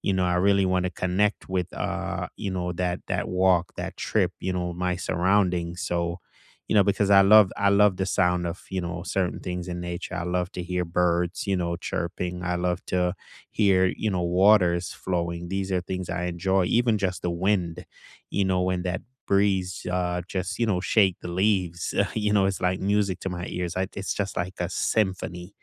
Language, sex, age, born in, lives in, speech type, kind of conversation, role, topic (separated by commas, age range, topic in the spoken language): English, male, 35-39, Jamaica, United States, conversation, unstructured, none, Which weekend rituals help you reset, recharge, and feel more connected to yourself and others?